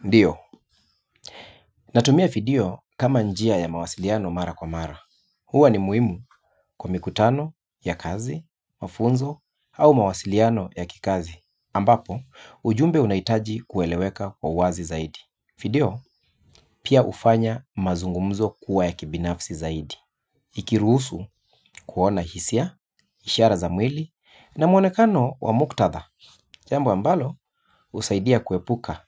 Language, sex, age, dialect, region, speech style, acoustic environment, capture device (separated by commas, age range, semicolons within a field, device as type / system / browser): Swahili, male, 35-44, Kenya - Nairobi Sheng-influenced, Kenya, relaxed, silence, mobile / Linux / Chrome